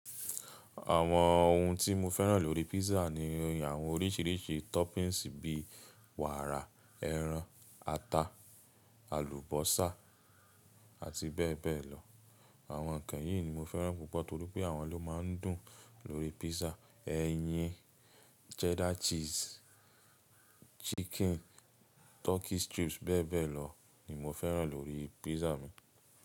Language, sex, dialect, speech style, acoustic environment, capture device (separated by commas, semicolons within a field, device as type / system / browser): Yoruba, male, Nigeria - Nigerian Standard, relaxed, silence, mobile / Linux / Chrome